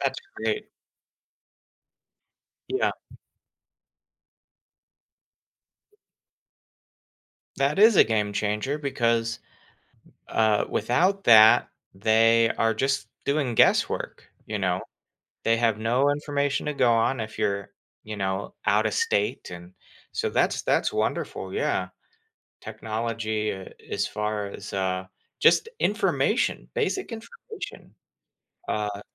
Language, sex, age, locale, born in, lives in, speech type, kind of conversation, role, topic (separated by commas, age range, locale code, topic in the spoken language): English, male, 30-34, en-US, United States, United States, conversation, podcast, host, How is technology changing the way we manage our health and well-being?